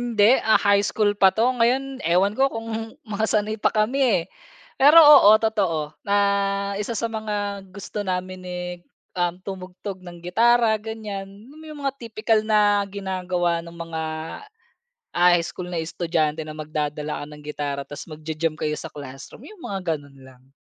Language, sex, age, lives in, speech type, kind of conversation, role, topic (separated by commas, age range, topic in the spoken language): Filipino, male, 30-34, Philippines, conversation, podcast, guest, Mayroon ka bang album na parang kapsula ng panahon para sa isang bahagi ng buhay mo?